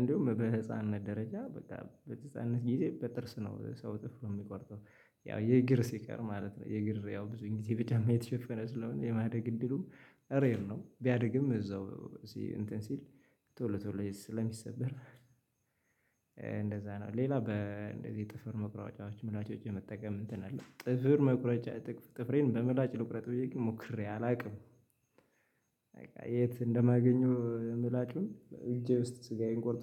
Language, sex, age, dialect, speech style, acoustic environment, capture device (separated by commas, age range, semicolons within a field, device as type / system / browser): Amharic, male, 25-34, Ethiopia - Gojjam, excited, silence, mobile / Linux / Chrome